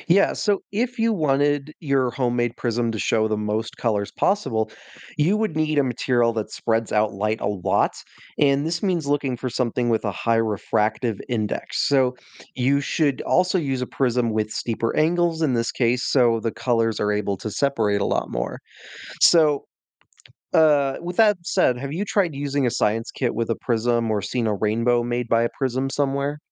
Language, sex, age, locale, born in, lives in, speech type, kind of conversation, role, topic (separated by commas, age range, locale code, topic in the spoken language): English, male, 20-24, en-US, United States, United States, read, expert-assistant, expert, How does a prism separate white light into different colors?